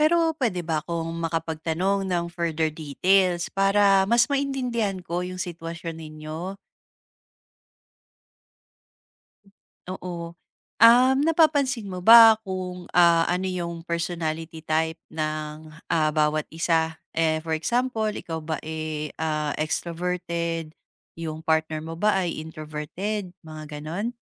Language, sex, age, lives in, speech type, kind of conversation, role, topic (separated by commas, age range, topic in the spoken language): Filipino, female, 35-39, Philippines, conversation, advice, advisor, Paano ako makakahanap ng oras para sa sarili nang hindi nasasaktan ang aming relasyon?